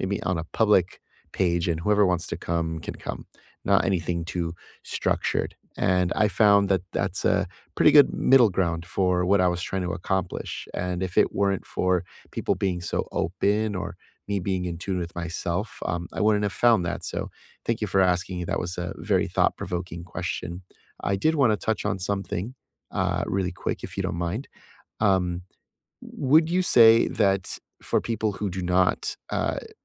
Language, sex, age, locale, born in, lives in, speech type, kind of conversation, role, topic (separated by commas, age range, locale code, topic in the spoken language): English, male, 30-34, en-US, United States, United States, conversation, unstructured, none, How do you unwind and recharge after a busy workday?